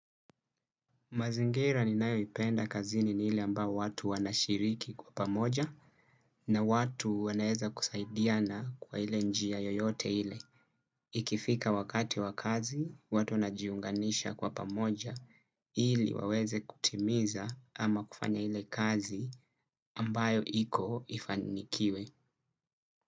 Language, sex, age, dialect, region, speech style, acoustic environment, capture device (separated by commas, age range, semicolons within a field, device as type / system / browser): Swahili, male, 25-34, Kenya - Mombasa, Kenya, relaxed, nature, desktop / Windows / Chrome